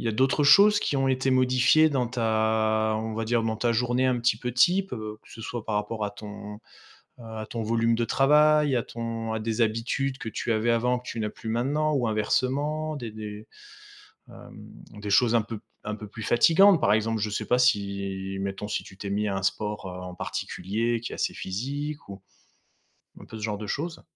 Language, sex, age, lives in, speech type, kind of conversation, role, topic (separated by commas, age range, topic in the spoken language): French, male, 35-39, France, conversation, advice, advisor, Comment puis-je améliorer ma routine de sommeil pour me réveiller plus énergique chaque matin ?